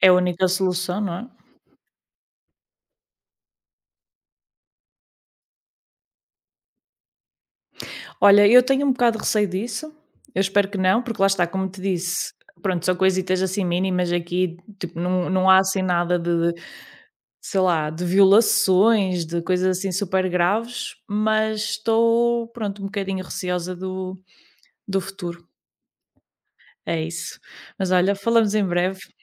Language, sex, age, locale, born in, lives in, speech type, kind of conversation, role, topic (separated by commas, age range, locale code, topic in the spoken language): Portuguese, female, 30-34, pt-PT, Portugal, Portugal, conversation, unstructured, none, Você acha que a violência urbana poderia ser evitada? Como?